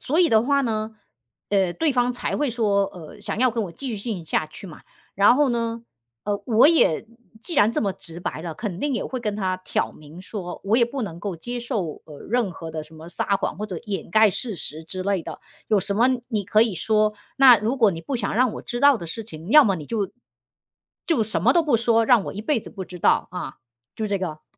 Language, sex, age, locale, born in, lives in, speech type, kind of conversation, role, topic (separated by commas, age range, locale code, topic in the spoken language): Chinese, female, 40-44, zh-CN, China, United States, conversation, unstructured, none, 恋人之间撒谎可以接受吗？